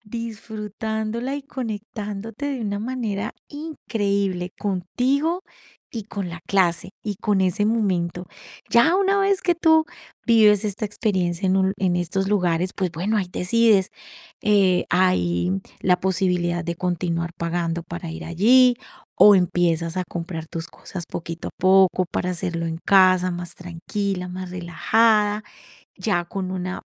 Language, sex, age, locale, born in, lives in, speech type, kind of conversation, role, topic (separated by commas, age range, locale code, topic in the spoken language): Spanish, female, 45-49, es-CO, Colombia, Italy, conversation, advice, advisor, ¿Cómo puedo empezar una rutina si me da miedo intentarlo?